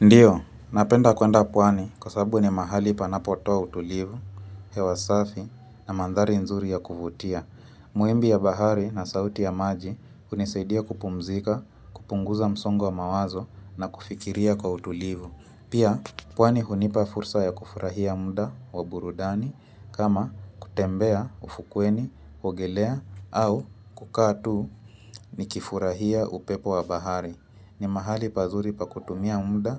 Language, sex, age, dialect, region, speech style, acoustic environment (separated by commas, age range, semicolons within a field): Swahili, female, 25-34, Kenya - Mombasa, Kenya, relaxed, music; nature